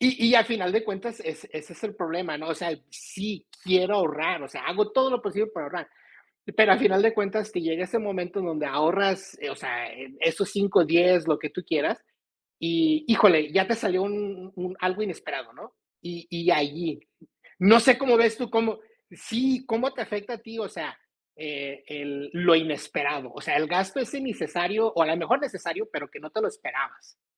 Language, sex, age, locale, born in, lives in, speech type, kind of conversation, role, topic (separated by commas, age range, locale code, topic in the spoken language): Spanish, male, 45-49, es-MX, United States, United States, conversation, unstructured, none, ¿Cómo manejas tu dinero cuando recibes tu sueldo?